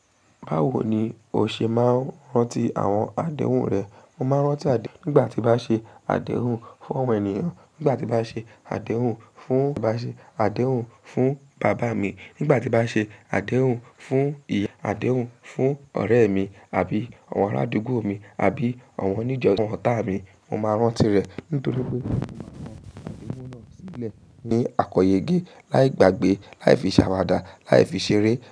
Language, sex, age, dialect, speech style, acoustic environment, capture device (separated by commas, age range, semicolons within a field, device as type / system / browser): Yoruba, female, 18-24, Nigeria - Lagos Yoruba, relaxed; happy, silence; nature, mobile / macOS / Safari